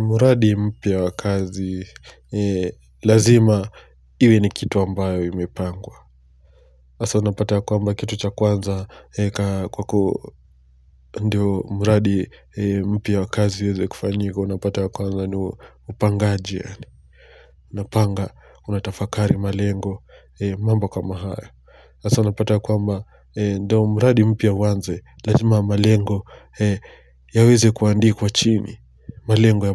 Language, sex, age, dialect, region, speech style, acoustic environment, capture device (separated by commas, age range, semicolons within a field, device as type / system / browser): Swahili, male, 25-34, Kenya - Mombasa, Kenya, relaxed; focused, silence, mobile / Linux / Chrome